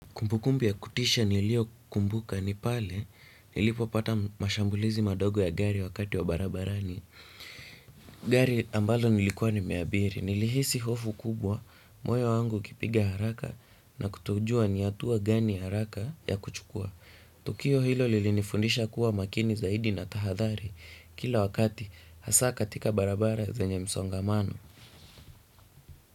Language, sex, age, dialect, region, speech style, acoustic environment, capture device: Swahili, male, 18-24, Kenya - Nairobi Sheng-influenced, Kenya, relaxed; anxious, silence, desktop / Windows / Chrome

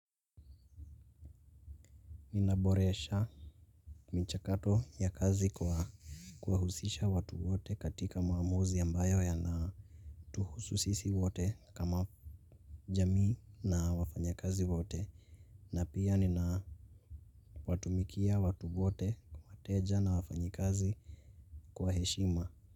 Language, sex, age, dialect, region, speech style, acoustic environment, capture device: Swahili, male, 18-24, Kenya - Nairobi Sheng-influenced, Kenya, focused; relaxed, nature, mobile / Linux / Chrome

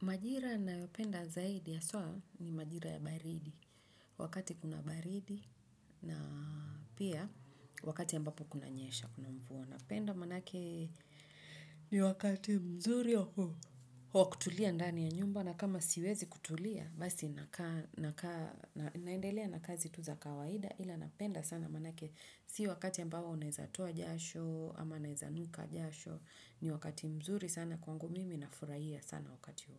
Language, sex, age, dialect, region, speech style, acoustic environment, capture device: Swahili, female, 35-44, Kenya - Nairobi Sheng-influenced, Kenya, focused, nature; silence; appliances, desktop / Windows / Chrome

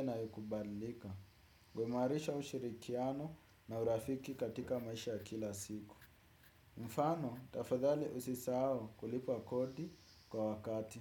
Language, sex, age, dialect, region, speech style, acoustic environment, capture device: Swahili, male, 18-24, Kenya - Nairobi Sheng-influenced, Kenya, happy, music, desktop / Windows / Chrome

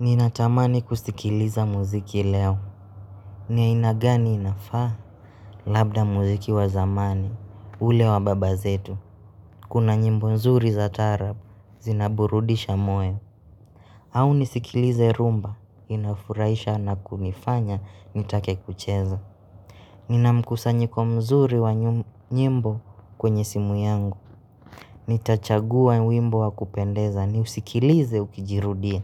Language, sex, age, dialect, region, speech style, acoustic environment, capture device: Swahili, male, 25-34, Kenya - Nairobi Sheng-influenced, Kenya, excited, nature, mobile / Linux / Chrome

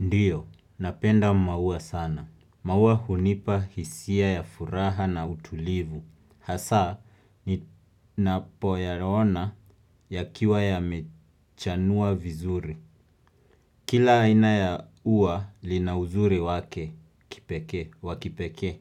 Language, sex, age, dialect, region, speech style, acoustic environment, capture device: Swahili, male, 25-34, Kenya - Nairobi Sheng-influenced, Kenya, relaxed, music, desktop / Windows / Chrome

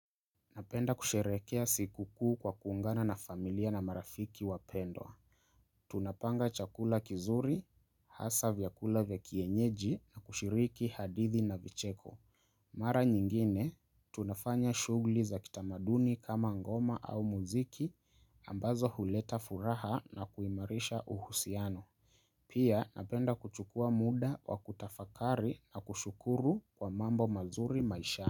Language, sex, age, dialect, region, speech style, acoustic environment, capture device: Swahili, male, 25-34, Kenya - Nairobi Sheng-influenced, Kenya, relaxed; focused, silence; nature, mobile / Linux / Chrome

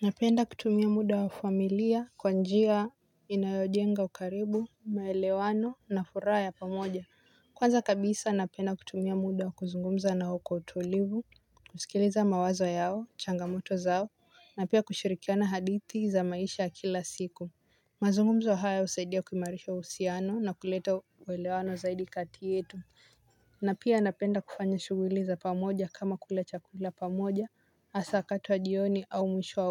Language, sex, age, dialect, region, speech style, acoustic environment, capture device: Swahili, female, 18-24, Kenya - Mombasa, Kenya, relaxed, silence, mobile / Linux / Chrome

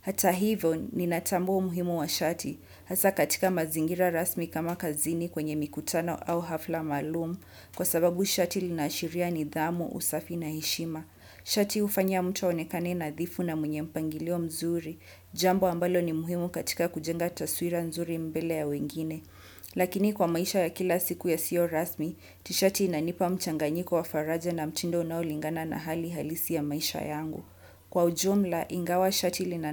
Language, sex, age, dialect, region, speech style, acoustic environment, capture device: Swahili, female, 25-34, Kenya - Mombasa, Kenya, happy, nature, desktop / Windows / Chrome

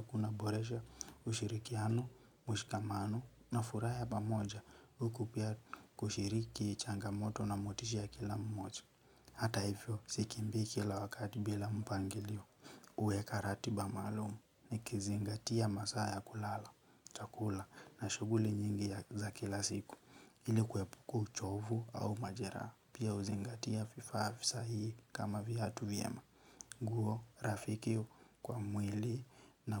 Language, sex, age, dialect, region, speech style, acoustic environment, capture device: Swahili, male, 18-24, Kenya - Nairobi Sheng-influenced, Kenya, relaxed, nature; silence, mobile / Linux / Chrome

